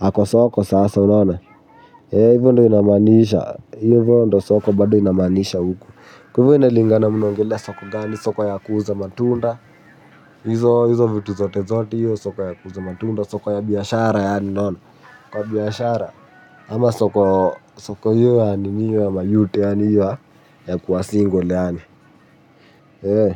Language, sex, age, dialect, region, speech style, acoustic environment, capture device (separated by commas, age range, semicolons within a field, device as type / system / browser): Swahili, male, 18-24, Kenya - Nairobi Sheng-influenced, Kenya, happy, music; nature, mobile / Linux / Chrome